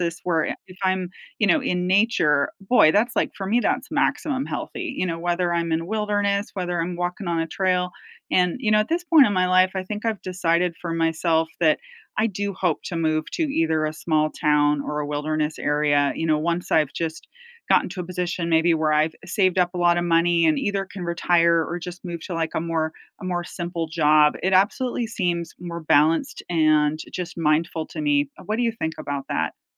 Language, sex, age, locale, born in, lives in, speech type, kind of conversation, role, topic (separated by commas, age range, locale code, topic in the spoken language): English, female, 45-49, en-US, United States, United States, conversation, unstructured, none, Which nature spots help you recharge your mind and mood the most?